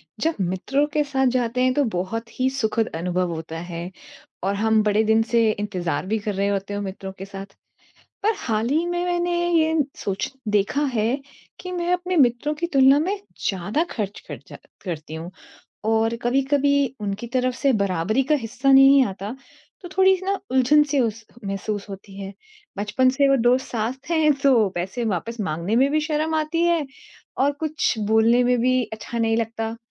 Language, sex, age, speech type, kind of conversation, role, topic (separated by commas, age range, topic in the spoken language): Hindi, female, 40-44, conversation, advice, user, दोस्तों की तुलना में मेरा खर्च बढ़ रहा है और मुझे उलझन हो रही है—मैं क्या करूँ?